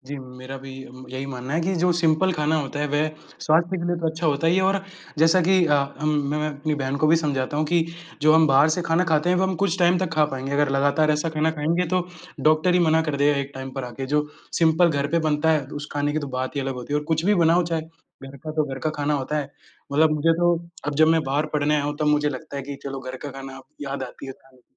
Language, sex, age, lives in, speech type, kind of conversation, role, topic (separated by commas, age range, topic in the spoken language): Hindi, male, 20-24, India, conversation, unstructured, none, आपको कौन-सा खाना सबसे ज़्यादा पसंद है और क्यों?